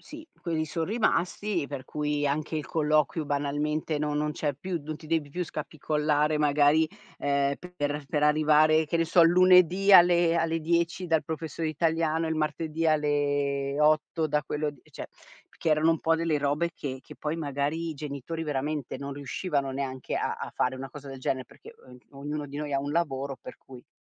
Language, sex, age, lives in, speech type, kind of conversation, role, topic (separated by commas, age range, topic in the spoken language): Italian, female, 50-54, Italy, conversation, podcast, guest, Cosa pensi dell’alternanza scuola-lavoro e degli stage?